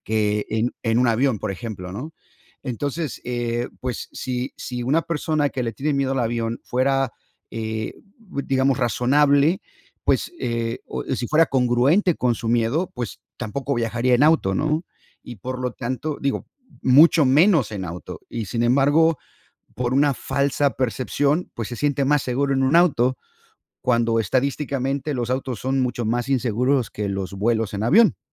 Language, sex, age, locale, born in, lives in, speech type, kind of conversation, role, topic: Spanish, male, 50-54, es-MX, United States, United States, conversation, unstructured, none, ¿Crees que el miedo limita las mejores experiencias de viaje?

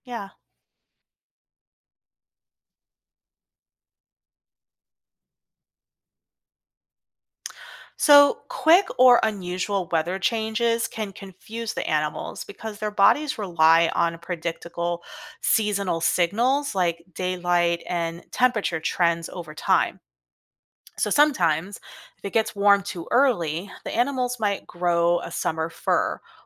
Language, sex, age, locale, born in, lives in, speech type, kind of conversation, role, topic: English, female, 40-44, en-US, United States, United States, read, expert-assistant, expert, How do animals adapt to survive in extreme environments?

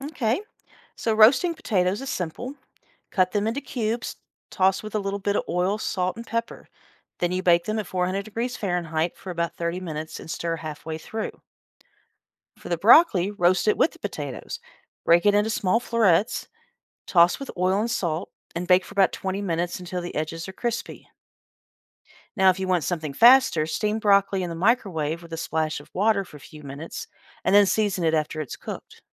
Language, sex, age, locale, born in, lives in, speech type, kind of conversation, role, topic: English, female, 50-54, en-US, United States, United States, read, expert-assistant, expert, How can learning to cook help you become more independent?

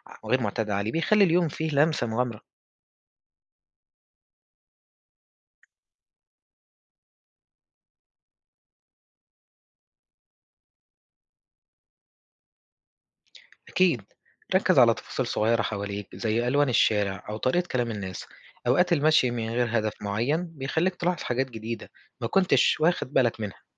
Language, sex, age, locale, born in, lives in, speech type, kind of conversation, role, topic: Arabic, male, 20-24, ar-EG, Egypt, Egypt, read, expert-assistant, expert, إزاي ممكن أتعلم أكون مسافر ذكي وأستفيد أكتر من كل تجربة سياحية، مش بس أزور أماكن وخلاص؟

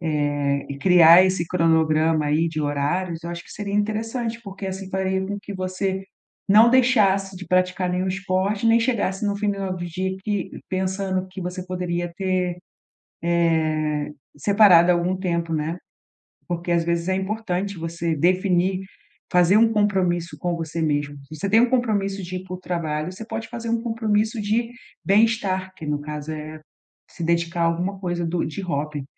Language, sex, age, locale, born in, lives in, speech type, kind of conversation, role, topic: Portuguese, female, 40-44, pt-BR, Brazil, Portugal, conversation, advice, advisor, Como posso equilibrar meu tempo entre hobbies e outras responsabilidades da vida?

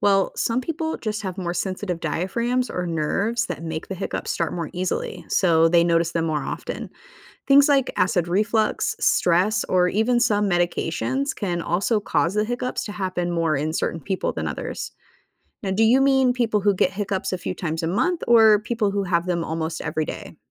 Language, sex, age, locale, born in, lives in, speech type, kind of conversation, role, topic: English, female, 35-39, en-US, United States, United States, read, expert-assistant, expert, What causes hiccups and how can they be managed or prevented?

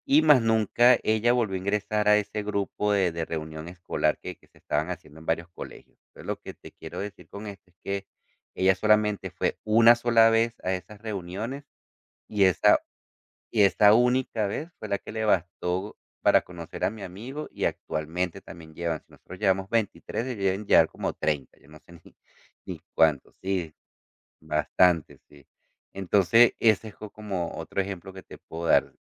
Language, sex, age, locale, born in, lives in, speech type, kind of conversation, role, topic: Spanish, male, 40-44, es-CO, Venezuela, United States, conversation, podcast, guest, ¿Puedes contarme un encuentro casual que cambió tu vida?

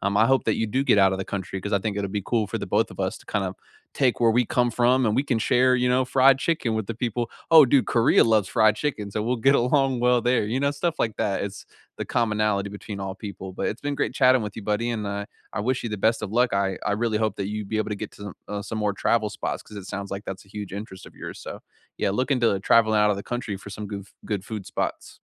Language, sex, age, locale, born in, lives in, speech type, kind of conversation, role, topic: English, male, 55-59, en-US, United States, United States, conversation, unstructured, none, What are your go-to foods for comfort, celebration, and rainy days?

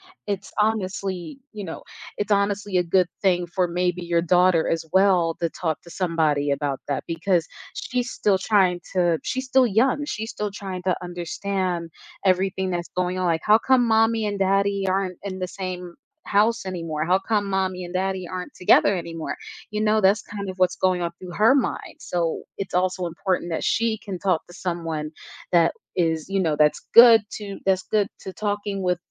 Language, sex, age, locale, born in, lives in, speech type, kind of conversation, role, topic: English, female, 25-29, en-US, United States, United States, conversation, advice, advisor, How can I cope with a recent breakup?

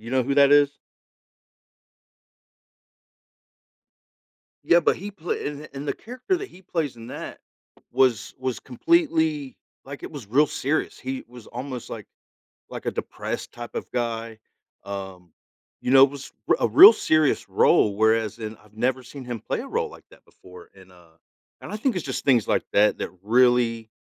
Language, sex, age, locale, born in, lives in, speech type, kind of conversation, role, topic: English, male, 40-44, en-US, United States, United States, conversation, podcast, host, What storytelling techniques and show formats make viewers want to keep watching episode after episode?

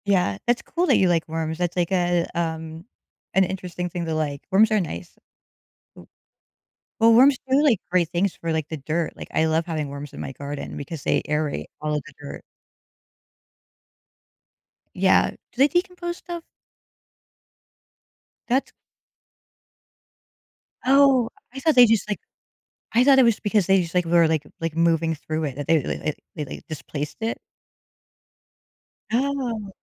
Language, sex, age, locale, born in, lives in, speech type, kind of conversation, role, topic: English, female, 45-49, en-US, United States, United States, conversation, unstructured, none, How do you decide whether to shop online or in a physical store?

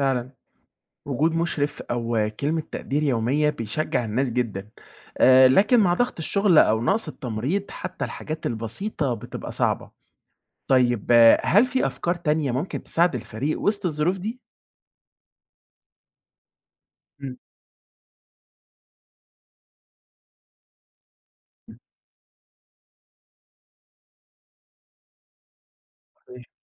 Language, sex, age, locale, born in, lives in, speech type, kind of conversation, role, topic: Arabic, male, 20-24, ar-EG, Egypt, Egypt, read, expert-assistant, asker, إزاي الممرضين يقدروا يتعاملوا مع نقص الإمكانيات أو الأدوات في الشغل؟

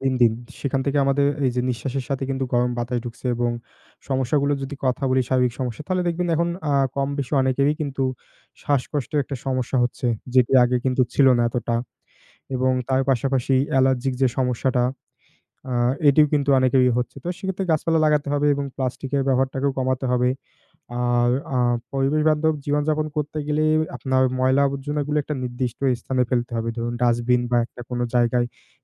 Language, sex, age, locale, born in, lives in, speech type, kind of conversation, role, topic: Bengali, male, 20-24, bn-BD, Bangladesh, Bangladesh, conversation, unstructured, none, আপনি কীভাবে পরিবেশবান্ধব জীবনযাপন শুরু করতে পারেন?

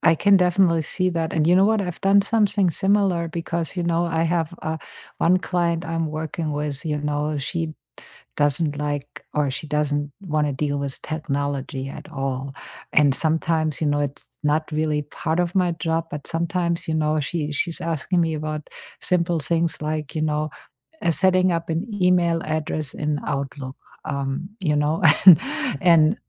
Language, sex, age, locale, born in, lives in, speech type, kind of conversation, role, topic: English, female, 50-54, en-DE, Germany, United States, conversation, unstructured, none, How does technology shape your daily work, and where do you still add a human touch?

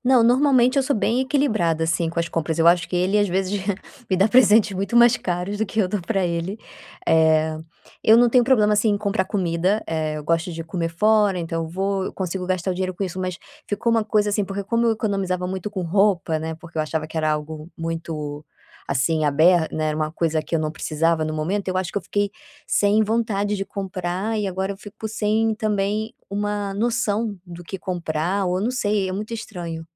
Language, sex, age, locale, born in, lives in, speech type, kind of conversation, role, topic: Portuguese, female, 30-34, pt-BR, Brazil, Spain, conversation, advice, user, Como posso mudar meus hábitos de consumo sem me sentir culpado?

